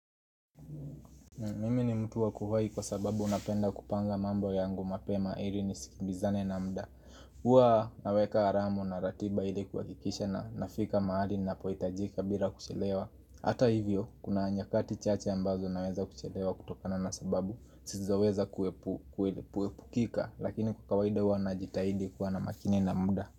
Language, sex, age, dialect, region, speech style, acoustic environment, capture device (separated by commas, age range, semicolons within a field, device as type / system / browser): Swahili, male, 18-24, Kenya - Nairobi Sheng-influenced, Kenya, happy; nervous, people talking; music, mobile / Linux / Chrome